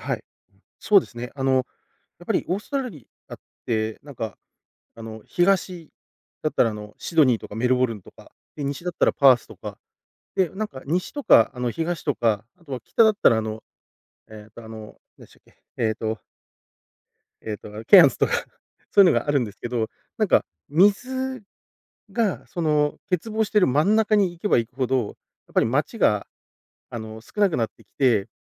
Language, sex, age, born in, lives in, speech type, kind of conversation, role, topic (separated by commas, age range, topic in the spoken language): Japanese, male, 40-44, Japan, Japan, conversation, podcast, guest, 旅先で感じた文化の違いはどのようなものでしたか？